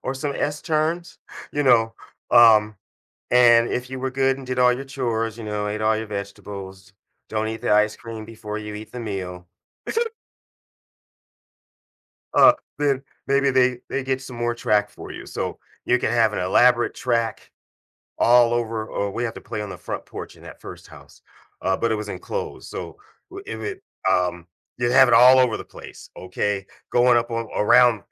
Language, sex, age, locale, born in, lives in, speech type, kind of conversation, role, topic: English, male, 55-59, en-US, United States, United States, conversation, advice, user, How can I stay motivated to keep practicing a new hobby?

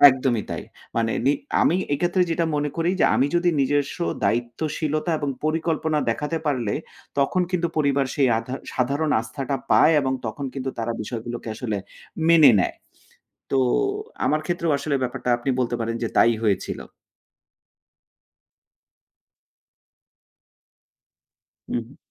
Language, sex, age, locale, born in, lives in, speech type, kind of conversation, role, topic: Bengali, male, 35-39, bn-BD, Bangladesh, Finland, conversation, podcast, guest, পরিবারের আশা আর নিজের স্বপ্নের মধ্যে আপনি কীভাবে সামঞ্জস্য বজায় রাখেন?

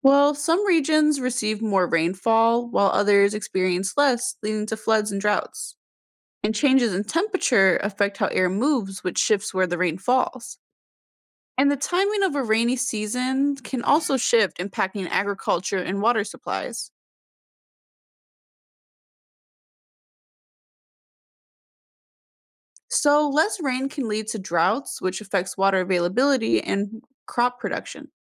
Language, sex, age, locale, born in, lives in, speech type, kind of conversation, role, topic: English, female, 20-24, en-US, United States, United States, read, expert-assistant, expert, How does climate change affect global weather patterns?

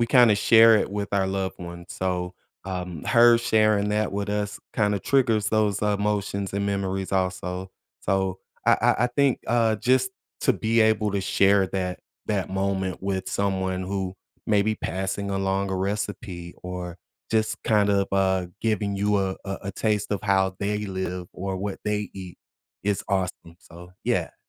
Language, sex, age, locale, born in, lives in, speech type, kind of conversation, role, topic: English, male, 40-44, en-US, United States, United States, conversation, podcast, guest, How do personal experiences and traditions shape what we find comforting in food?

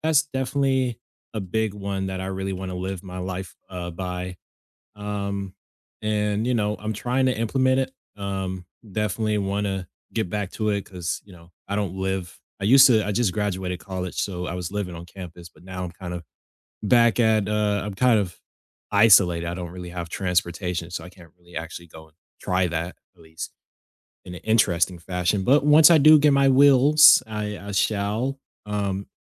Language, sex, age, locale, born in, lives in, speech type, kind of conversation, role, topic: English, male, 20-24, en-US, United States, United States, conversation, unstructured, none, What is the best piece of advice you still live by, and how has it shaped you?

